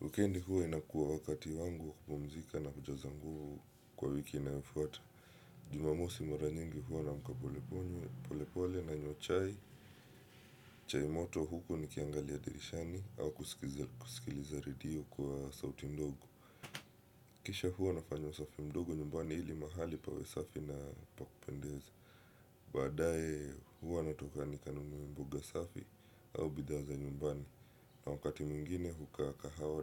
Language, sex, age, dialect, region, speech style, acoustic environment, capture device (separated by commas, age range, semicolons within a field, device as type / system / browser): Swahili, male, 25-34, Kenya - Mombasa, Kenya, happy; relaxed; focused, silence; nature; appliances, desktop / Windows / Chrome